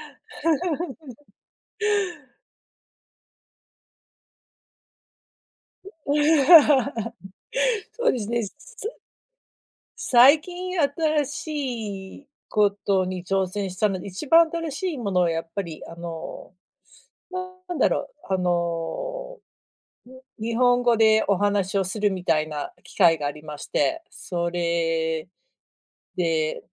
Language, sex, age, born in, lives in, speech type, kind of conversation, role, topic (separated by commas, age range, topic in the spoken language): Japanese, female, 40-44, United States, United States, conversation, unstructured, none, 新しいことに挑戦するとき、どうやって勇気を出しますか？